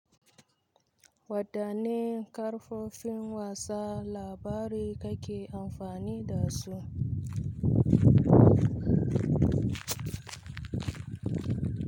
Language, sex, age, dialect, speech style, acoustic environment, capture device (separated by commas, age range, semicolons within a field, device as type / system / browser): Hausa, female, 25-34, Nigeria - Kano, nervous; excited; focused; stressed; happy; anxious; relaxed; tired, people talking; nature; silence; music; traffic; construction; appliances, mobile / Linux / Chrome